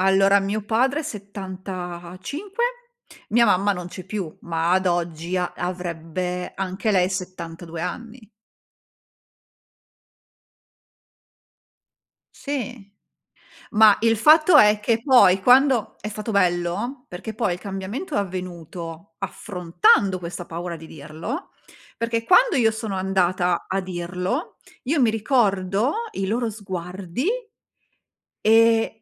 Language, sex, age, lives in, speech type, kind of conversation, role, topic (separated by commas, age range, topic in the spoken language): Italian, female, 40-44, Italy, conversation, unstructured, none, Che cosa hai imparato dalle tue difficoltà più grandi?